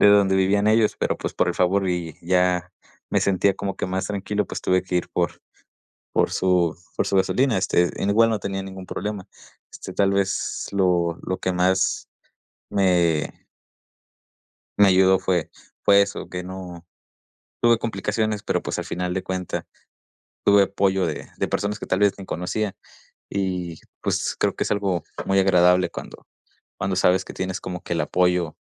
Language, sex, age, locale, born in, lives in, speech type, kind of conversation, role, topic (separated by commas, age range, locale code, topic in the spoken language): Spanish, male, 20-24, es-MX, Mexico, Mexico, conversation, podcast, guest, ¿Cuál fue tu viaje más inolvidable y por qué?